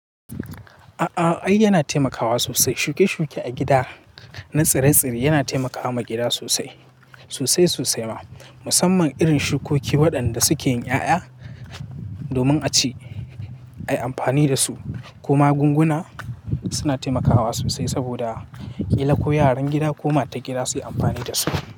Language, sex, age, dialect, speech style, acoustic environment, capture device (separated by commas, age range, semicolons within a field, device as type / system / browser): Hausa, male, 18-24, Nigeria - Katsina, happy, people talking, mobile / Linux / Chrome